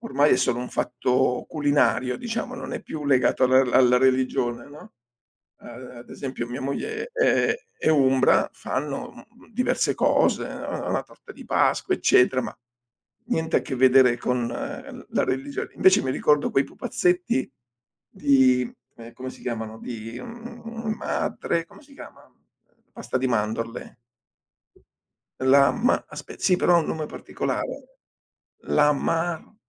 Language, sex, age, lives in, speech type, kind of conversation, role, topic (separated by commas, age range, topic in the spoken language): Italian, male, 60-64, Italy, conversation, unstructured, none, Come festeggi nella tua famiglia le principali festività religiose?